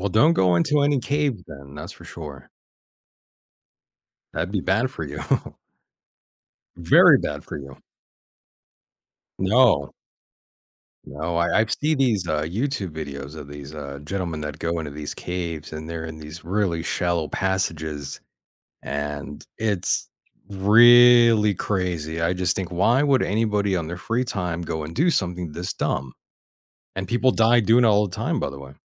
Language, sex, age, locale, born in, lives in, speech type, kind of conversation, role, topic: English, male, 35-39, en-US, United States, United States, conversation, podcast, host, What helps you weigh the pros and cons before making a big decision?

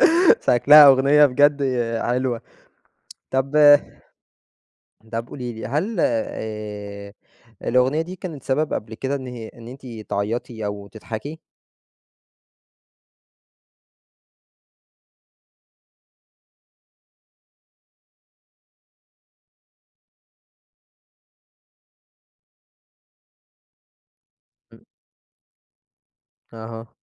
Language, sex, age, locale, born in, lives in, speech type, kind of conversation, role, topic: Arabic, male, 20-24, ar-EG, Egypt, Egypt, conversation, podcast, host, إيه أول أغنية حبيتها ومش قادر تنساها لحد دلوقتي؟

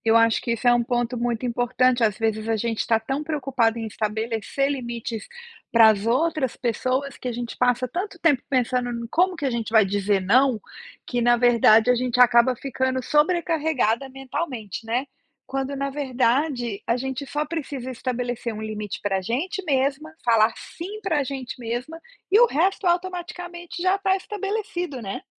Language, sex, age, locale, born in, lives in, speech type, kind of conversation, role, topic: Portuguese, female, 45-49, pt-BR, Brazil, United States, conversation, podcast, host, Como você pratica o autocuidado sem culpa?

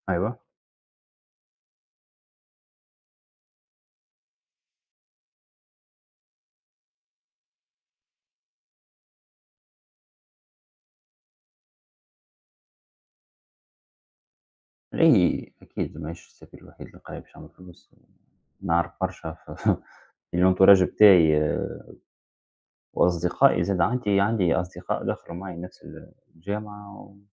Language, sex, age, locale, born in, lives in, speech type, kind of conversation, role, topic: Arabic, male, 30-34, ar-TN, Tunisia, United States, conversation, unstructured, none, إزاي الجوع والفقر بيأثروا على مستقبل الشباب؟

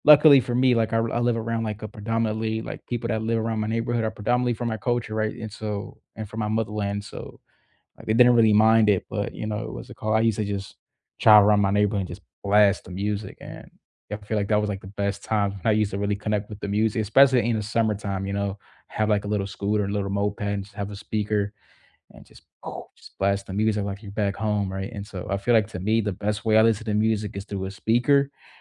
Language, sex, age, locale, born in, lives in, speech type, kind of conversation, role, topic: English, male, 20-24, en-US, United States, United States, conversation, unstructured, none, When days feel heavy, what songs or artists help you breathe easier, and why?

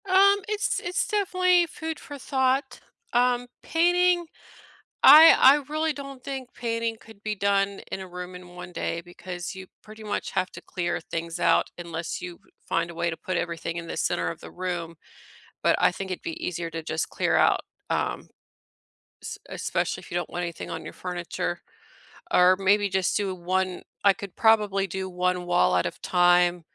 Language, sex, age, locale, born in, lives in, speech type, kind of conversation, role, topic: English, female, 40-44, en-US, United States, United States, conversation, unstructured, none, How can you refresh a room in one day on a budget?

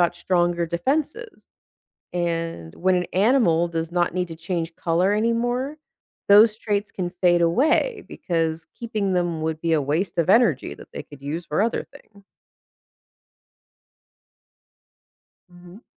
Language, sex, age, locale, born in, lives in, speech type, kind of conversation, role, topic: English, female, 35-39, en-US, United States, United States, read, expert-assistant, expert, Why do chameleons change color?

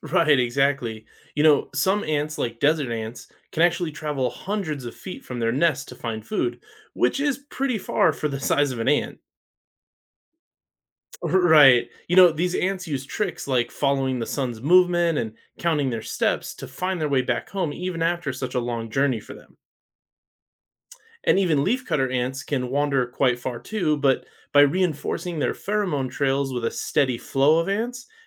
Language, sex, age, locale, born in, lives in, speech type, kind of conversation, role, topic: English, male, 35-39, en-US, United States, United States, read, expert-assistant, expert, How do ants find their way back to their nest?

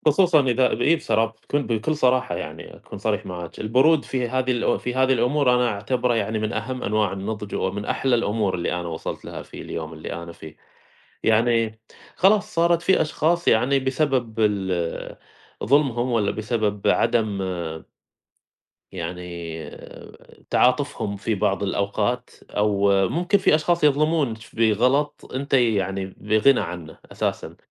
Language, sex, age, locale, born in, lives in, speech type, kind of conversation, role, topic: Arabic, male, 30-34, ar-SA, Saudi Arabia, United States, conversation, unstructured, none, لو تقدر تمسح ذكرى واحدة من الماضي، هتختار إيه وليه؟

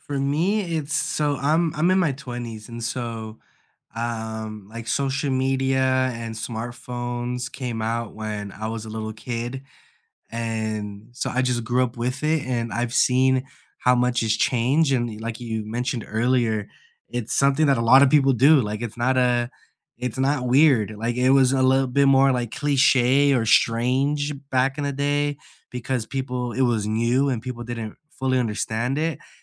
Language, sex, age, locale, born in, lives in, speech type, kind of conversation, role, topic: English, male, 25-29, en-US, United States, United States, conversation, unstructured, none, What are you working toward in your personal life this year, and what kind of support helps you most?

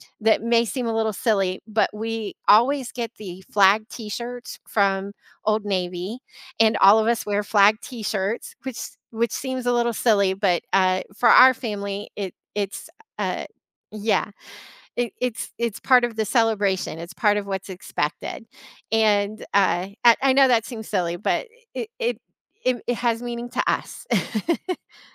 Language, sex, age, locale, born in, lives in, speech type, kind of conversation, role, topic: English, female, 45-49, en-US, United States, United States, conversation, podcast, guest, How do you celebrate important heritage holidays?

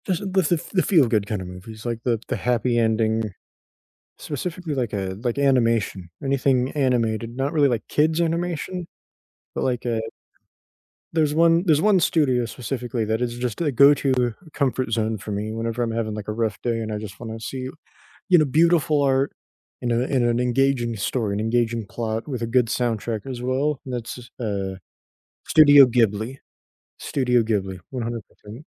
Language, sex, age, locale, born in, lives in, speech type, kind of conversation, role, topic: English, male, 20-24, en-US, United States, United States, conversation, unstructured, none, What kind of movies do you enjoy watching the most?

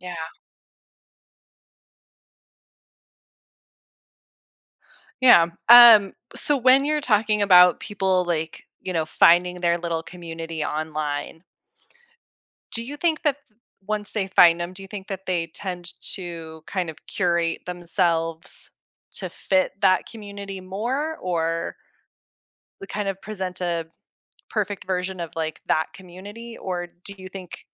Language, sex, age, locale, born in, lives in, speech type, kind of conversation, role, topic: English, female, 35-39, en-US, United States, United States, conversation, podcast, host, How has social media changed the way we present ourselves and connect with others?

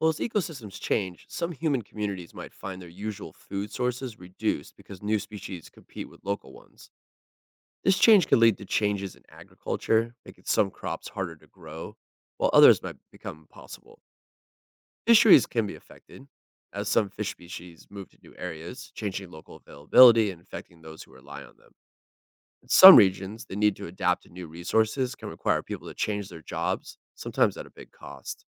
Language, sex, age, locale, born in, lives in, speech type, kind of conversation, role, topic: English, male, 40-44, en-US, United States, United States, read, expert-assistant, expert, How does the water cycle sustain life on Earth?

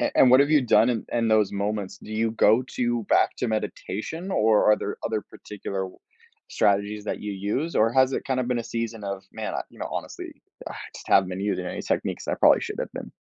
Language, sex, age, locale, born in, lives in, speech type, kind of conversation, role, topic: English, male, 20-24, en-US, United States, United States, conversation, podcast, host, What habits or routines help you handle stress in everyday life?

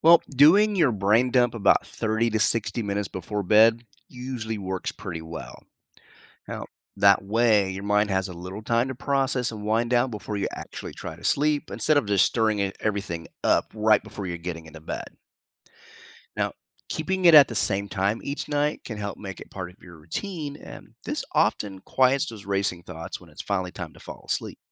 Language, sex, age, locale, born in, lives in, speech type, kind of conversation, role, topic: English, male, 45-49, en-US, United States, United States, read, expert-assistant, expert, How can you tell if sleep issues are from stress or mental health?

